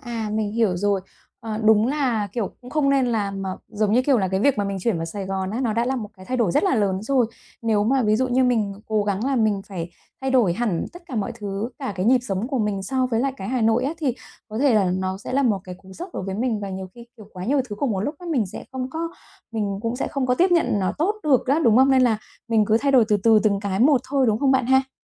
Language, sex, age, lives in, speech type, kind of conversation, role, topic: Vietnamese, female, 35-39, Vietnam, conversation, advice, user, Làm sao để giữ cân bằng cuộc sống khi tôi đang chuyển mình sang hướng đi mới?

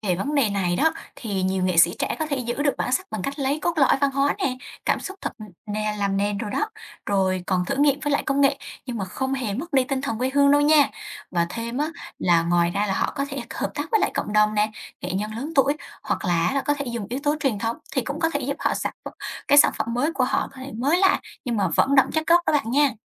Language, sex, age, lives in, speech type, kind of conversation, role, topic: Vietnamese, female, 20-24, Vietnam, read, expert-assistant, expert, Nếu một ngày nào đó tất cả mọi người trên thế giới đều nói cùng một ngôn ngữ, điều gì sẽ xảy ra với đa dạng văn hóa và bản sắc dân tộc?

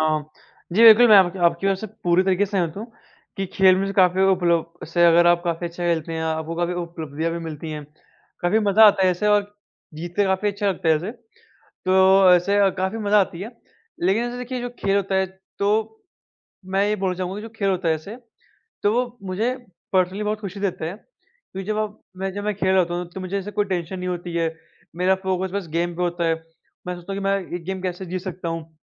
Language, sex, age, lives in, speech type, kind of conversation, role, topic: Hindi, male, 45-49, India, conversation, unstructured, none, खेलों से जुड़ी कौन-सी घटना ने आपको बहुत खुशी दी?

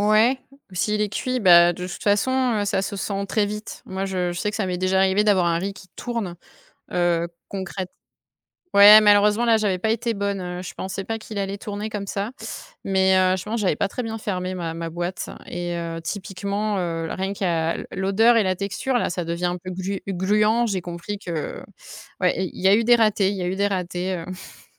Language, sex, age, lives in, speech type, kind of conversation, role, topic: French, female, 30-34, France, conversation, podcast, guest, Que fais-tu avec des restes pour en faire un bon repas ?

